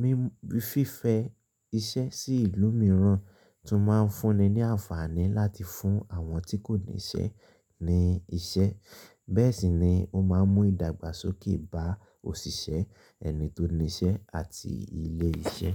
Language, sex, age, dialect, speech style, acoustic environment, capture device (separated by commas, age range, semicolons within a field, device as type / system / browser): Yoruba, male, 25-34, Nigeria - Ibadan, excited, silence, mobile / Linux / Chrome